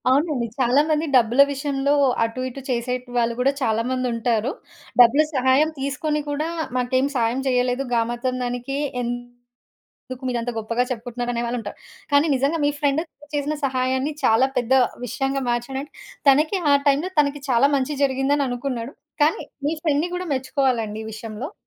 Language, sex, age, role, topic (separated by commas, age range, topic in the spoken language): Telugu, female, 30-34, host, పక్కన ఉన్నవారికి మీరు చేసిన చిన్న సహాయం వారి జీవితాన్ని ఎలా మార్చిందో చెప్పగలరా?